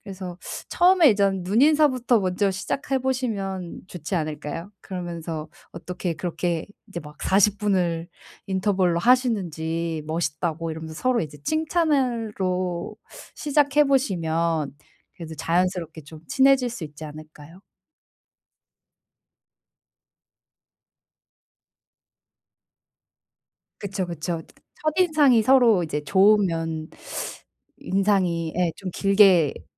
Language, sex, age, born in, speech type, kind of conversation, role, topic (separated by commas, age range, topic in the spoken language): Korean, female, 30-34, South Korea, conversation, advice, advisor, 새로운 도시에서 어떻게 하면 빨리 집처럼 편안하게 느낄 수 있을까요?